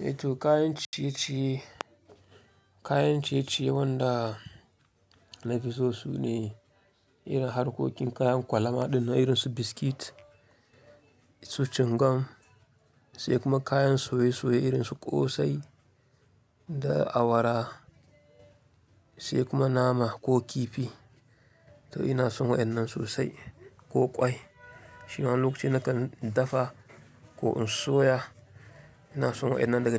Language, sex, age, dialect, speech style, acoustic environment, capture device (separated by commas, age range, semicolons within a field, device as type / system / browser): Hausa, male, 25-34, Nigeria - Kano, happy, silence, mobile / Linux / Chrome